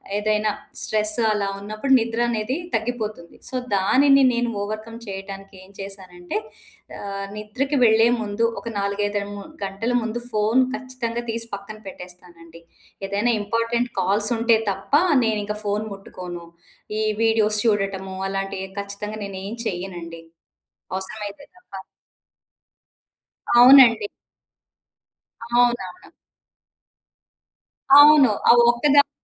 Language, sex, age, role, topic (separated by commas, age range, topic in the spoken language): Telugu, female, 40-44, guest, నిద్రకు వెళ్లేటప్పుడు మనసును ప్రశాంతం చేసుకోవడానికి మీరు పాటించే సూచనలు ఏమిటి?